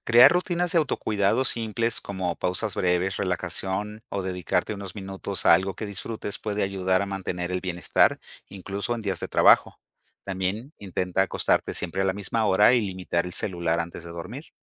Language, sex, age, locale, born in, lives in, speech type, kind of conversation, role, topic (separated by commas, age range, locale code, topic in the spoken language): Spanish, male, 50-54, es-MX, Mexico, Mexico, read, expert-assistant, expert, ¿Cuál es la mejor forma de prevenir el agotamiento físico y emocional en la enfermería?